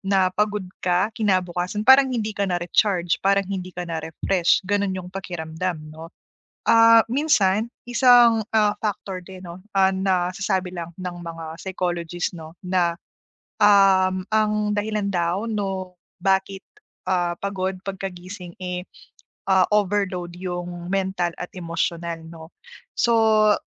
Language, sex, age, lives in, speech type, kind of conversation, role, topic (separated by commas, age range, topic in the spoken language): Filipino, female, 30-34, Philippines, conversation, advice, advisor, Paano ko mababawasan ang paggising nang pagod sa umaga kahit sapat ang tulog ko?